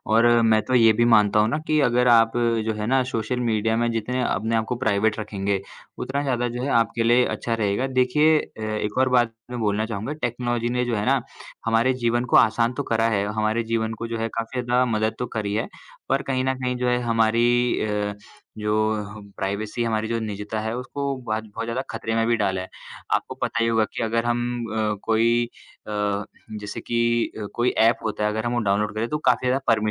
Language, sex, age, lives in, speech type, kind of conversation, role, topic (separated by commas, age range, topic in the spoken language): Hindi, male, 20-24, India, conversation, unstructured, none, क्या प्रौद्योगिकी ने हमारी निजता को खतरे में डाल दिया है?